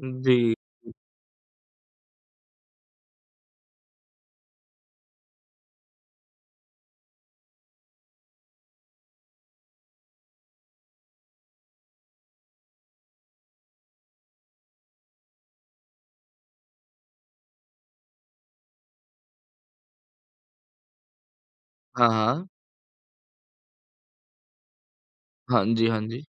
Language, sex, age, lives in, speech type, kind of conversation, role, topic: Hindi, male, 18-19, India, conversation, unstructured, none, हमारे पर्यावरण को सबसे अधिक नुकसान किस समस्या से हो रहा है?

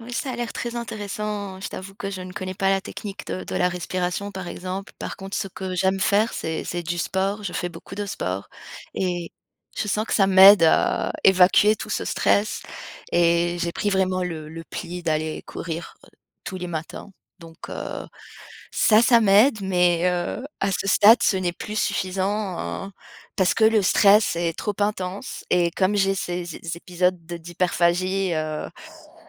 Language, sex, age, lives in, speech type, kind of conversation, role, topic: French, female, 35-39, France, conversation, advice, user, Comment décririez-vous vos envies intenses de malbouffe en période de stress ?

